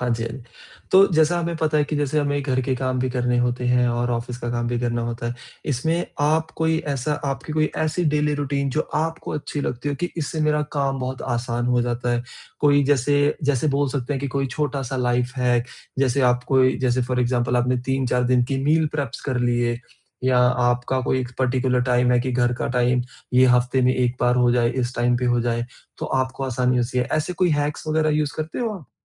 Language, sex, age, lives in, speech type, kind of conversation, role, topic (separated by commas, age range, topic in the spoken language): Hindi, male, 30-34, India, conversation, podcast, host, Kaise balance karte ho office aur ghar ke chores?